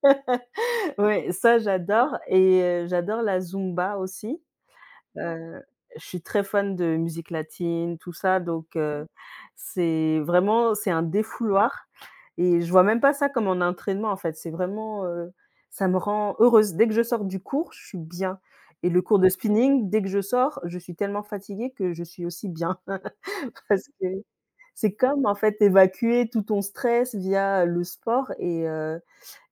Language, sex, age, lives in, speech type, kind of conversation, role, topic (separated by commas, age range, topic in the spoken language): French, female, 35-39, Spain, conversation, unstructured, none, Comment gères-tu le stress au quotidien ?